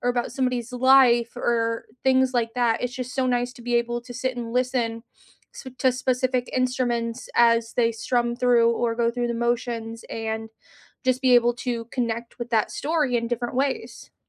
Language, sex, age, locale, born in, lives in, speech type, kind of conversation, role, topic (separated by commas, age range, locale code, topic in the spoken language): English, female, 30-34, en-US, United States, United States, conversation, unstructured, none, Which songs or genres instantly change your mood, and what memories or meanings make them special to you?